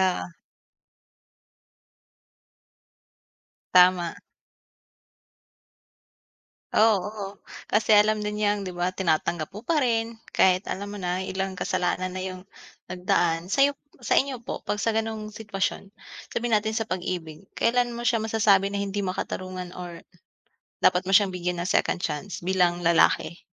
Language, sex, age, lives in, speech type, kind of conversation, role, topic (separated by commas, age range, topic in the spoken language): Filipino, female, 25-29, Philippines, conversation, unstructured, none, Sa tingin mo ba makatarungan ang pagbibigay ng pangalawang pagkakataon?